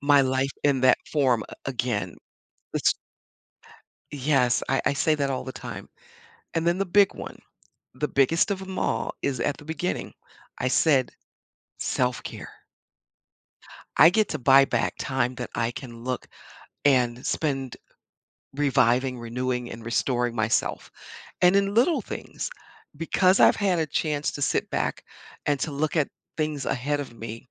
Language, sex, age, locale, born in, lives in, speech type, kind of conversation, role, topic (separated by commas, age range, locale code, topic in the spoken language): English, female, 60-64, en-US, United States, United States, conversation, podcast, guest, What everyday habits help you stay calm and balanced when life gets stressful?